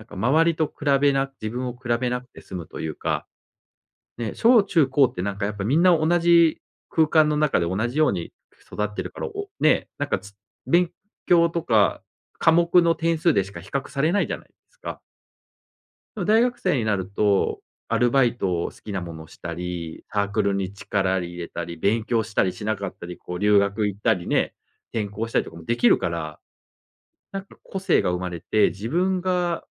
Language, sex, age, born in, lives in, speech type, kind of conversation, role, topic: Japanese, male, 40-44, Japan, Japan, conversation, podcast, guest, 完璧主義をどうやって抑える？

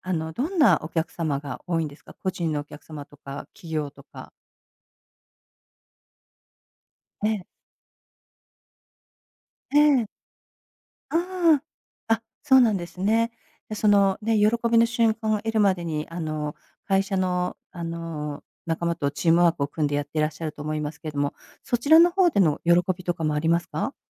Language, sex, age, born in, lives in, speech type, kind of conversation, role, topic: Japanese, female, 55-59, Japan, United States, conversation, podcast, host, 仕事で一番やりがいを感じるのは、どんな瞬間ですか？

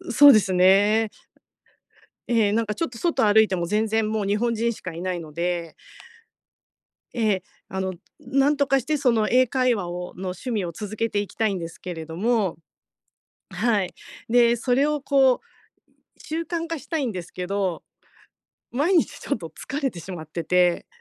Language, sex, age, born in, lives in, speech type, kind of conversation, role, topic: Japanese, female, 50-54, Japan, Japan, conversation, advice, user, 趣味を毎日の習慣として続けるには、どうすればいいですか？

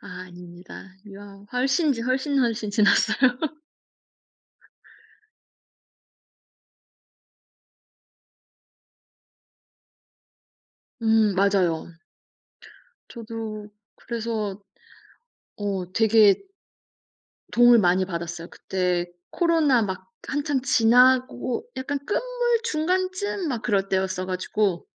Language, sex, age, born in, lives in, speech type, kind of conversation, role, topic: Korean, female, 35-39, South Korea, Germany, conversation, unstructured, none, 소셜미디어가 우리의 인간관계에 어떤 영향을 미칠까요?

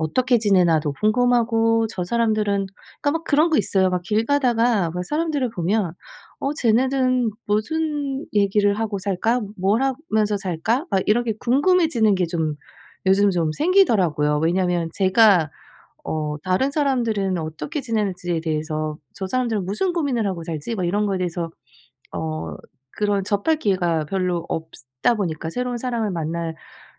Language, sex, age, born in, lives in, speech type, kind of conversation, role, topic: Korean, female, 40-44, South Korea, United States, conversation, advice, user, 새로운 환경에서 친한 친구를 쉽게 사귀려면 어떻게 해야 하나요?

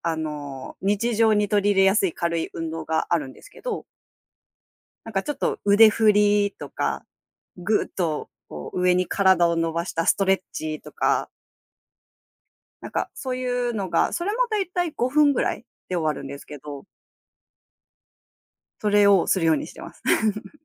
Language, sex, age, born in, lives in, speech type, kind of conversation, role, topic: Japanese, female, 30-34, Japan, Japan, conversation, podcast, guest, 朝のルーティンで生産性を上げるコツはありますか？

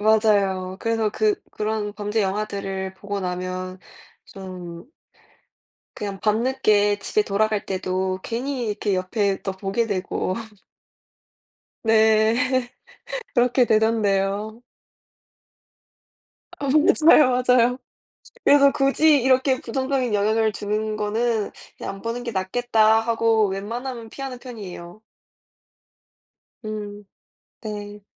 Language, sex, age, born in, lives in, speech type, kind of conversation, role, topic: Korean, female, 20-24, South Korea, United States, conversation, unstructured, none, 좋아하는 영화 장르는 무엇이며, 그 이유는 무엇인가요?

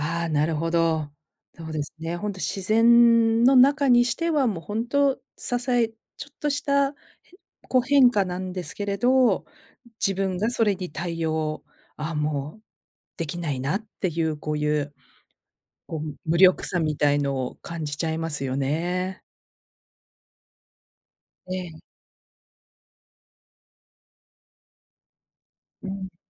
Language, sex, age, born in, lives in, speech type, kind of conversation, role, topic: Japanese, female, 50-54, Japan, United States, conversation, podcast, host, 自然の中で、自分が小さい存在だと感じたことはありますか？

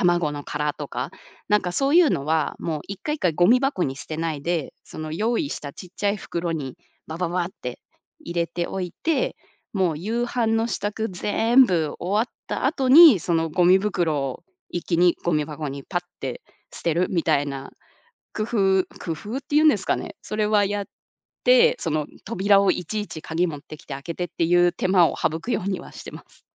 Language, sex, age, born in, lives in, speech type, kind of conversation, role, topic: Japanese, female, 30-34, Japan, United States, conversation, podcast, guest, 夕食の時間、家ではどんな雰囲気ですか？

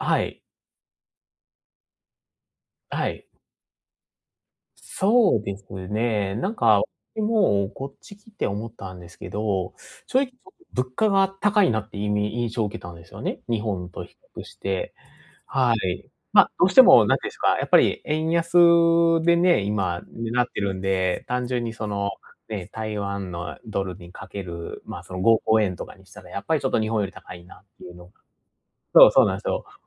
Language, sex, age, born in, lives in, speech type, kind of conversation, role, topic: Japanese, male, 30-34, Japan, Japan, conversation, advice, user, 外食のとき、どうすれば無理なく健康的な選択を続けられますか？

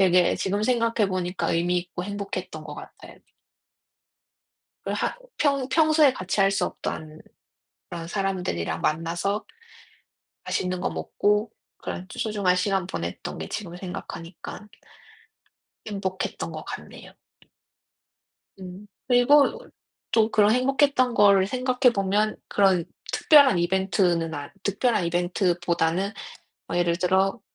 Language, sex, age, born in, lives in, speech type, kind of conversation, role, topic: Korean, female, 30-34, South Korea, United States, conversation, unstructured, none, 함께 있을 때 더 행복해지는 순간은 언제인가요?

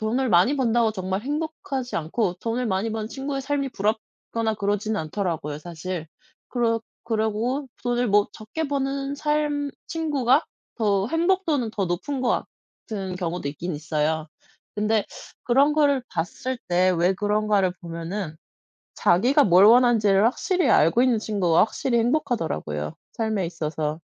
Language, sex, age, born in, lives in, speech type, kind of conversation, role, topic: Korean, male, 30-34, South Korea, France, conversation, unstructured, none, 월급이 적어도 잘 살 수 있는 방법이 있을까요?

